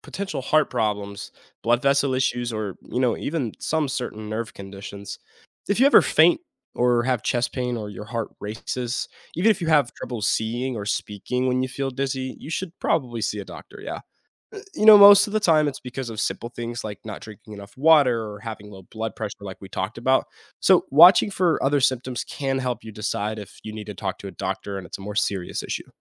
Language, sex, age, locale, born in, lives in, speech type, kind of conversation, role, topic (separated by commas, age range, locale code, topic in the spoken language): English, male, 18-19, en-US, United States, United States, read, expert-assistant, expert, Why do you sometimes feel dizzy when you stand up quickly?